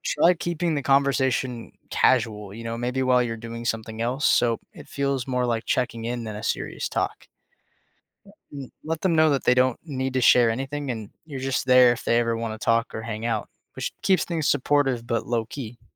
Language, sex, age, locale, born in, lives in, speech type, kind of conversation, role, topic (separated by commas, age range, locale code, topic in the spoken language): English, male, 20-24, en-US, United States, United States, read, expert-assistant, expert, How can you support a friend who is feeling down?